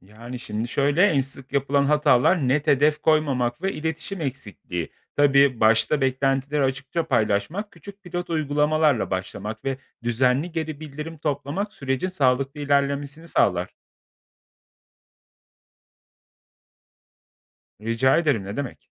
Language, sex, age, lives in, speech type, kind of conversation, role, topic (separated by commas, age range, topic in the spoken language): Turkish, male, 35-39, Poland, read, expert-assistant, expert, Dersleri sadece sınavlara değil, hayata hazırlayan bir programı nasıl düzenleyebilirim?